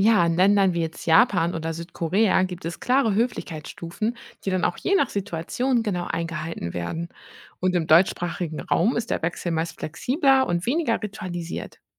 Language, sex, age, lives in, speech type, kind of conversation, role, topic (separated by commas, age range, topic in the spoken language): German, female, 30-34, Germany, read, expert-assistant, expert, Wie verändert sich unsere Sprache, wenn wir mit Freunden statt mit Fremden reden?